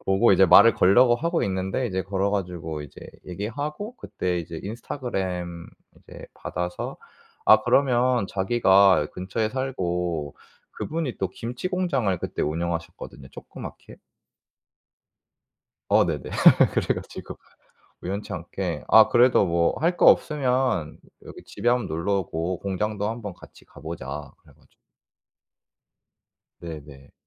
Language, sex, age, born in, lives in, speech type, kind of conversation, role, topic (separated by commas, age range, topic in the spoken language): Korean, male, 25-29, South Korea, South Korea, conversation, podcast, guest, 여행 중에 만난 특별한 사람에 대해 이야기해 주실 수 있나요?